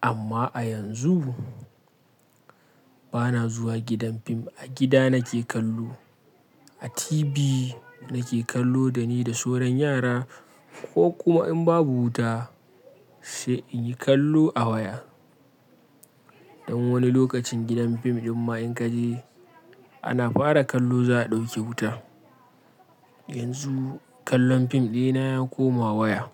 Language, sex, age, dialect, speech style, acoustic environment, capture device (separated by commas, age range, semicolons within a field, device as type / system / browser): Hausa, male, 25-34, Nigeria - Kano, happy; relaxed; focused, silence, mobile / Linux / Chrome